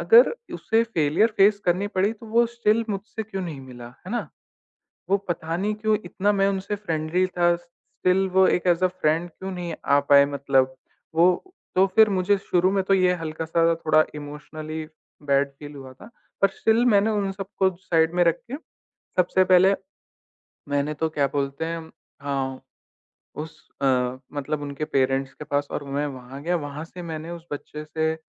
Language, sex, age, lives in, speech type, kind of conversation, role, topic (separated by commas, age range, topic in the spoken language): Hindi, male, 20-24, India, conversation, podcast, guest, Jab tumhara mentee fail ho jaaye, toh tum use kya salah dete ho?